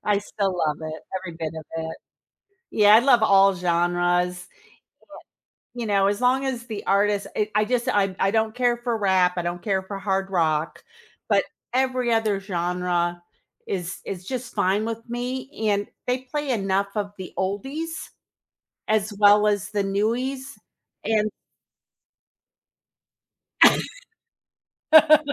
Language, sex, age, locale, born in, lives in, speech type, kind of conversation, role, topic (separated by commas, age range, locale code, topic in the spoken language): English, female, 60-64, en-US, United States, United States, conversation, podcast, guest, How does music shape our emotions, memories, and sense of identity?